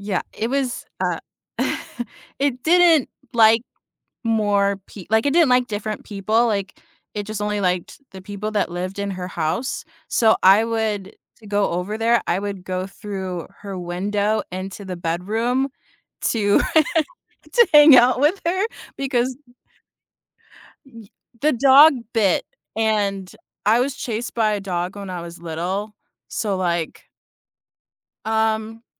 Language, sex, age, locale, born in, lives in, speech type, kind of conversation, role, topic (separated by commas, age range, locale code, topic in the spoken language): English, female, 25-29, en-US, United States, United States, conversation, unstructured, none, Which makes a better pet: a cat or a dog?